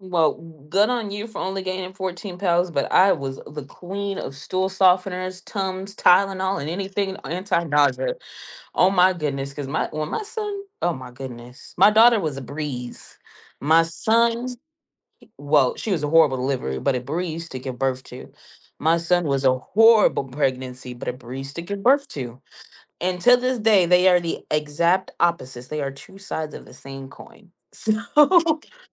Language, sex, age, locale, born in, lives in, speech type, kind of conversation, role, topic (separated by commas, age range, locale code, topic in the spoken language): English, female, 30-34, en-US, United States, United States, conversation, unstructured, none, How do you rebuild confidence after a health setback or an unwanted diagnosis?